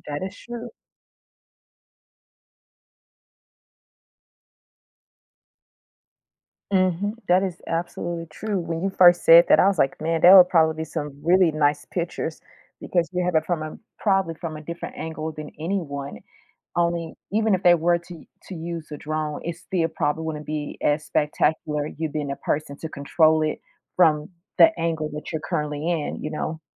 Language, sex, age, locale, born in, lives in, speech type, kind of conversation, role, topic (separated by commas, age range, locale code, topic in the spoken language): English, female, 35-39, en-US, United States, United States, conversation, unstructured, none, How do you think life would change if people could suddenly fly?